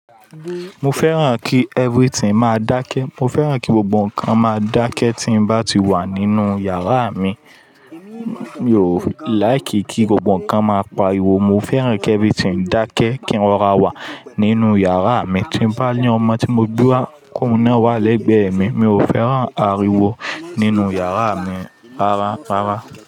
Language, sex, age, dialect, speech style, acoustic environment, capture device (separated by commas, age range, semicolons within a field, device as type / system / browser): Yoruba, male, 25-34, Nigeria - Lagos Yoruba, happy; relaxed, people talking, mobile / Linux / Chrome